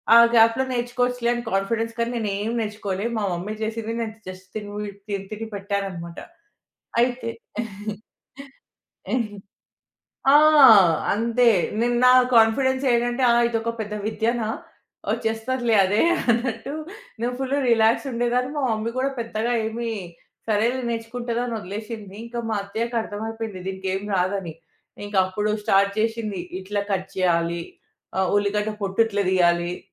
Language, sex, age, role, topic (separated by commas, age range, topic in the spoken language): Telugu, female, 30-34, guest, ఇంట్లో వండే వంటకాన్ని మీరు ఎప్పుడైనా మార్చి చూసారా?